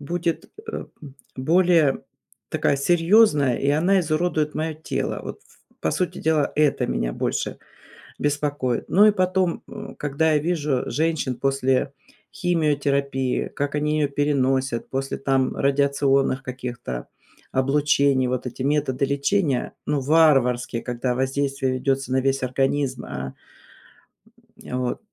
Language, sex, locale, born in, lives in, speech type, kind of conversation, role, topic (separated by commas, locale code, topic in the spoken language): Russian, female, ru-RU, Russia, United States, conversation, advice, user, Как справиться с ожиданием результатов медицинских анализов?